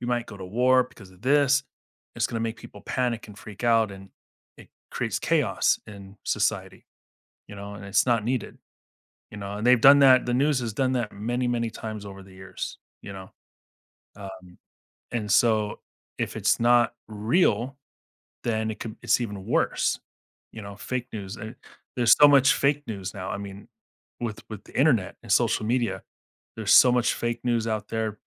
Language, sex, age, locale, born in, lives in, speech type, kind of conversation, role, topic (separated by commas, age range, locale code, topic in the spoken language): English, male, 40-44, en-US, United States, United States, conversation, podcast, guest, How does misinformation shape our beliefs and society?